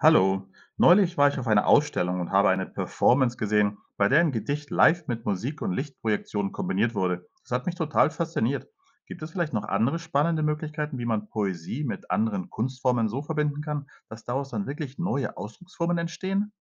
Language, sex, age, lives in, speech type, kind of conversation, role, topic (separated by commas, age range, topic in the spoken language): German, male, 45-49, Spain, read, expert-assistant, asker, Wie entstehen neue Ausdrucksformen durch die Verbindung von Poesie mit anderen Künsten?